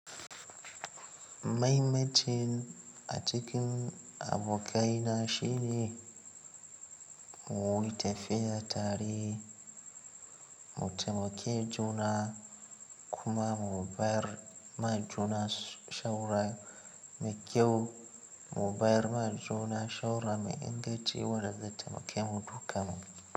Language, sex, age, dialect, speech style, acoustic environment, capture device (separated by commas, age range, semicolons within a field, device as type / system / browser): Hausa, male, 18-24, Nigeria - Katsina, excited, silence, mobile / Linux / Chrome